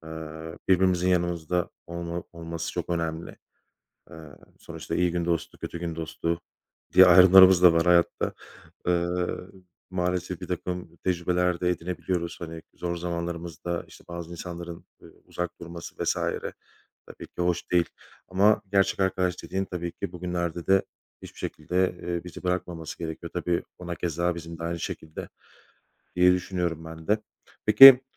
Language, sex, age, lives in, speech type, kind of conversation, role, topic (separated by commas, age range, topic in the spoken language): Turkish, male, 35-39, Poland, conversation, unstructured, none, Senin için gerçek arkadaşlık ne anlama geliyor?